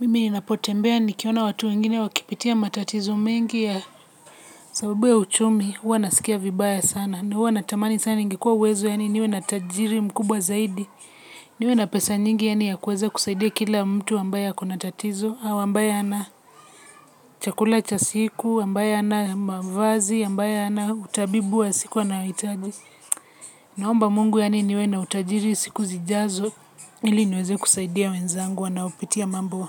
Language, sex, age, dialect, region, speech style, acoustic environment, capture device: Swahili, female, 25-34, Kenya - Nairobi Sheng-influenced, Kenya, relaxed; focused; excited, silence; people talking, mobile / Linux / Chrome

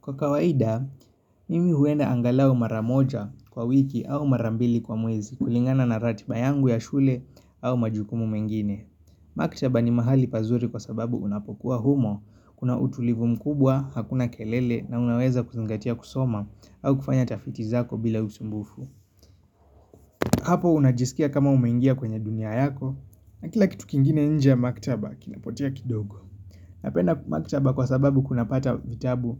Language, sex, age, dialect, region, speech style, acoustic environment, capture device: Swahili, male, 25-34, Kenya - Nairobi Sheng-influenced, Kenya, relaxed, nature; appliances; construction, mobile / Linux / Chrome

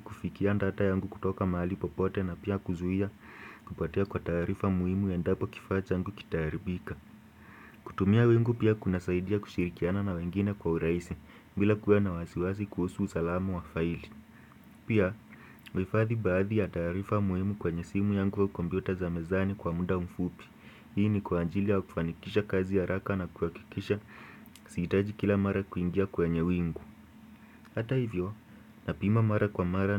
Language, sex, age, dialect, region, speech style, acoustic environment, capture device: Swahili, male, 18-24, Kenya - Nairobi Sheng-influenced, Kenya, focused, silence, desktop / Windows / Chrome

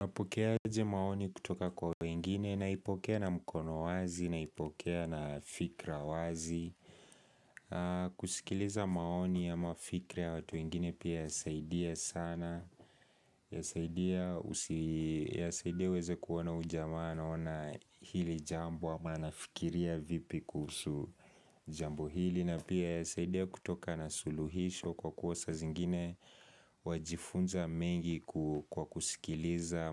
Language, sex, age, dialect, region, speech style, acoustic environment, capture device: Swahili, male, 18-24, Kenya - Nairobi Sheng-influenced, Kenya, focused, people talking; nature, mobile / Linux / Chrome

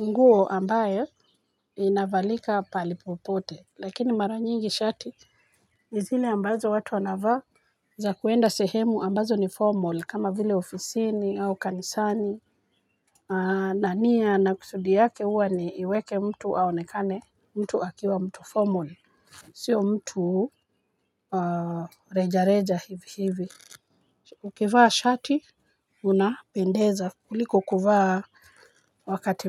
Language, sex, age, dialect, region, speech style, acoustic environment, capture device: Swahili, female, 45-54, Kenya - Nairobi Sheng-influenced, Kenya, relaxed, nature, mobile / Linux / Chrome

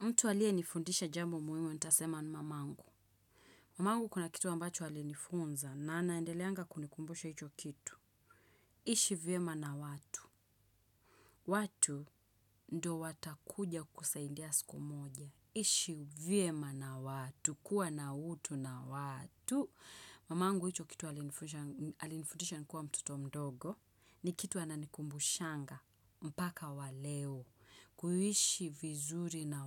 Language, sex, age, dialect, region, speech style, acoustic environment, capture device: Swahili, female, 25-34, Kenya - Nairobi Sheng-influenced, Kenya, happy; relaxed; excited, silence, desktop / Windows / Chrome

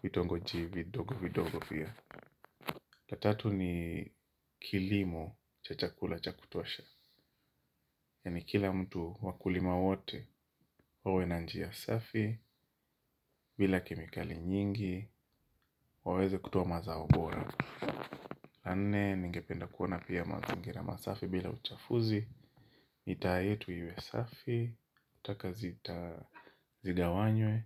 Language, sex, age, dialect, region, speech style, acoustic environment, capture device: Swahili, male, 25-34, Kenya - Mombasa, Kenya, happy, silence, mobile / Linux / Chrome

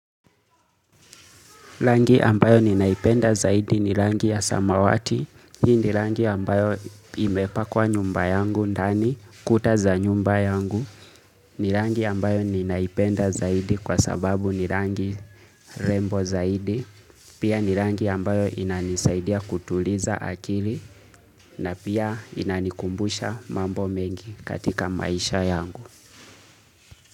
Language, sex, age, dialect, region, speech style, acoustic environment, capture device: Swahili, male, 25-34, Kenya - Nairobi Sheng-influenced, Kenya, relaxed; focused, silence, mobile / Linux / Chrome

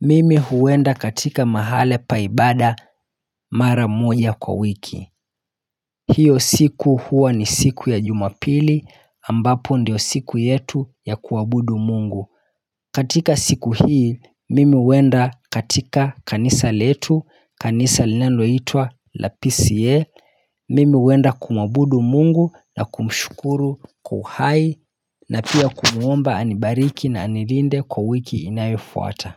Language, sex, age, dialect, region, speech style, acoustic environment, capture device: Swahili, male, 25-34, Kenya - Mombasa, Kenya, happy; relaxed; focused, nature; silence, mobile / Linux / Chrome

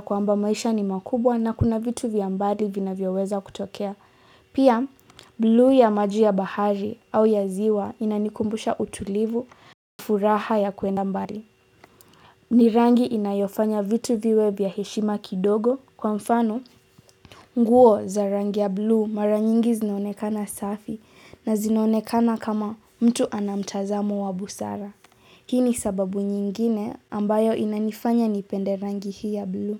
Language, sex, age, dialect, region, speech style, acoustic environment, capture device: Swahili, female, 18-24, Kenya - Nairobi Sheng-influenced, Kenya, relaxed, silence; appliances, mobile / Linux / Chrome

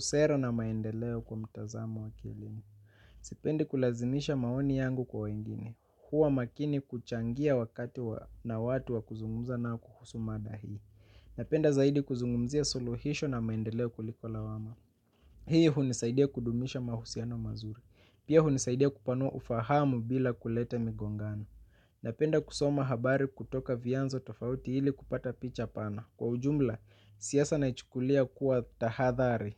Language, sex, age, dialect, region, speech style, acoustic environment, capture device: Swahili, male, 25-34, Kenya - Mombasa, Kenya, relaxed, silence, mobile / Linux / Chrome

